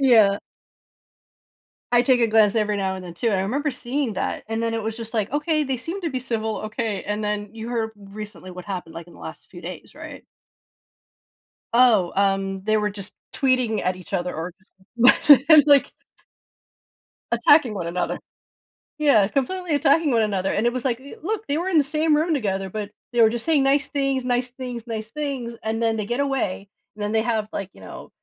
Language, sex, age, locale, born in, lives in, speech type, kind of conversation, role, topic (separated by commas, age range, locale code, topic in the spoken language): English, female, 40-44, en-US, United States, United States, conversation, podcast, host, Why is it important to learn from history when making decisions today?